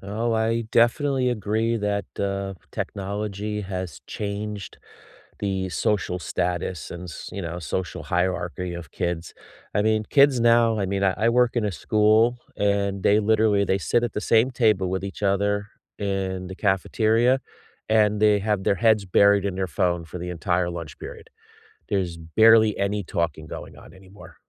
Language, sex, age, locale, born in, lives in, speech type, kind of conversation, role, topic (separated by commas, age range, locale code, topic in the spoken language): English, male, 50-54, en-US, United States, United States, conversation, unstructured, none, What childhood game or pastime do you still secretly love?